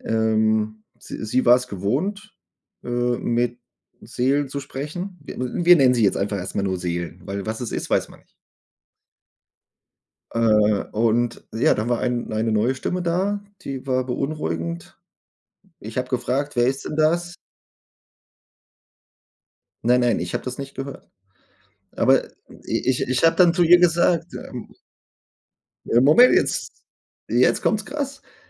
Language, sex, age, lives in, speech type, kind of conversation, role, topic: German, male, 40-44, Germany, conversation, unstructured, none, Warum glauben manche, dass Religion mehr spaltet als sie eint?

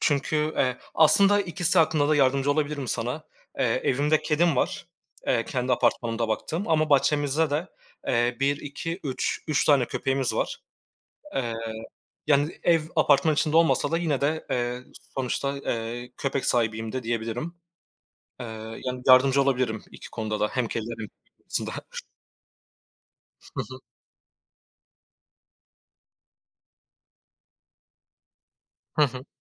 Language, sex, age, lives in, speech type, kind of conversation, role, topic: Turkish, male, 25-29, Poland, conversation, unstructured, none, Kediyi mi yoksa köpeği mi daha çok seversiniz?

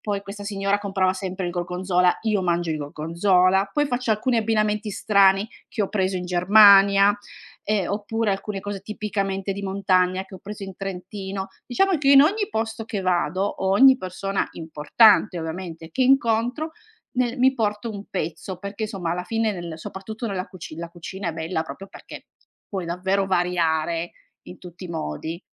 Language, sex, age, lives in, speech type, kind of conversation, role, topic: Italian, female, 45-49, Italy, conversation, podcast, guest, In che modo la migrazione ha influenzato la tua identità?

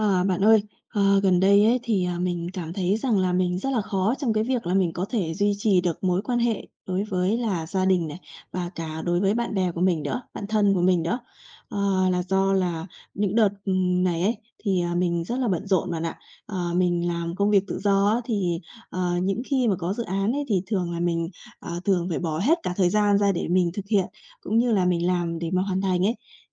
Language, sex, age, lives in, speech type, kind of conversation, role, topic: Vietnamese, female, 30-34, Vietnam, conversation, advice, user, Làm thế nào để duy trì các mối quan hệ xã hội khi bạn bận rộn?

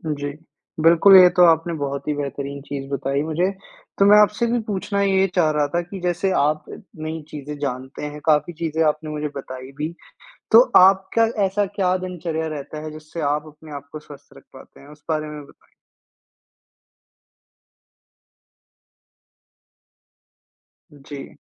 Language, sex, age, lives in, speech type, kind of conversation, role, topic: Hindi, male, 55-59, India, conversation, podcast, host, मौसम बदलने से तुम्हारी मनोदशा पर क्या असर होता है?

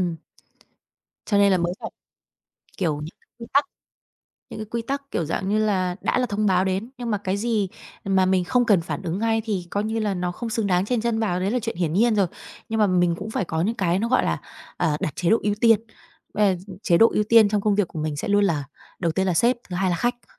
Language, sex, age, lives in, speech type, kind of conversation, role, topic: Vietnamese, female, 30-34, Vietnam, conversation, podcast, guest, Bạn xử lý thế nào khi bị làm phiền bởi thông báo liên tục?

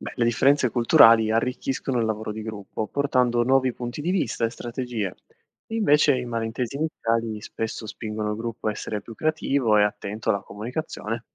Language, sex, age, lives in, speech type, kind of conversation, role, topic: Italian, male, 25-29, Italy, read, expert-assistant, expert, Cosa accadrebbe se improvvisamente tutte le persone nel mondo dicessero sempre la verità, senza alcun filtro sociale?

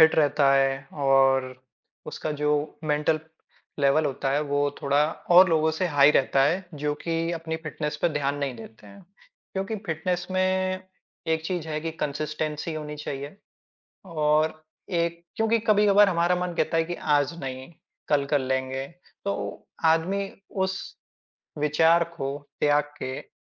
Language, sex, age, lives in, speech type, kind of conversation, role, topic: Hindi, male, 25-29, India, conversation, unstructured, none, आपकी रोज़मर्रा की ज़िंदगी में फिटनेस क्यों ज़रूरी है?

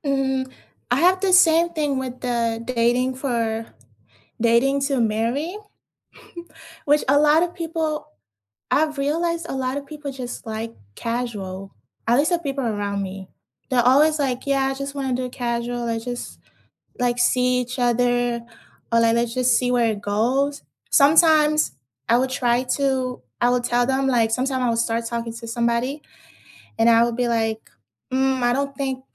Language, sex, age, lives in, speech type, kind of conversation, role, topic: English, female, 20-24, United States, conversation, unstructured, none, How do you know when it’s time to break up?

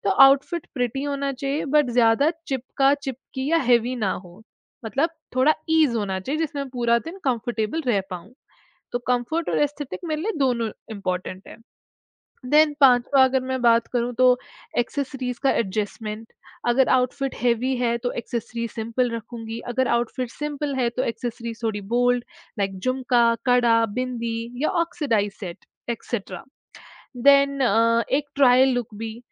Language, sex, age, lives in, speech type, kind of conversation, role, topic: Hindi, female, 20-24, India, conversation, podcast, guest, Festivals ke liye outfit choose karne ka tumhara process kya hota hai?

